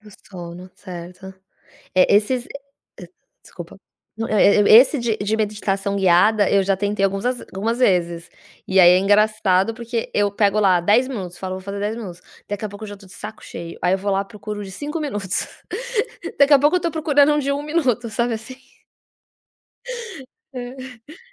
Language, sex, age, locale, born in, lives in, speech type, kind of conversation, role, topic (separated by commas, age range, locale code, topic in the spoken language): Portuguese, female, 40-44, pt-BR, Brazil, United States, conversation, advice, user, Por que você tem perdido a motivação para meditar ou praticar atenção plena?